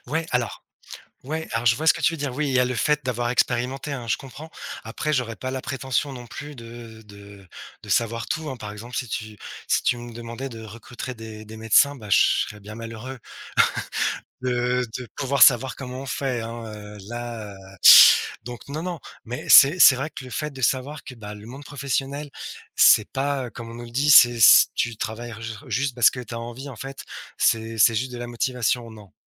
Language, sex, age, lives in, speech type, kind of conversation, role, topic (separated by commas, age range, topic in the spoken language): French, male, 35-39, France, conversation, podcast, guest, Comment trouves-tu un bon équilibre entre ton travail et ta vie personnelle ?